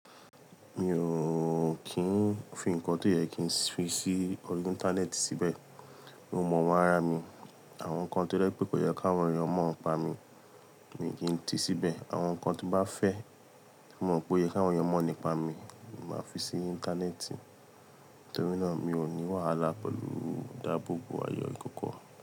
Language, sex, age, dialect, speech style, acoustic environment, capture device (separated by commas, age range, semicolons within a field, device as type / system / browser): Yoruba, male, 25-34, Nigeria - Lagos Yoruba, tired, people talking; appliances, mobile / Linux / Chrome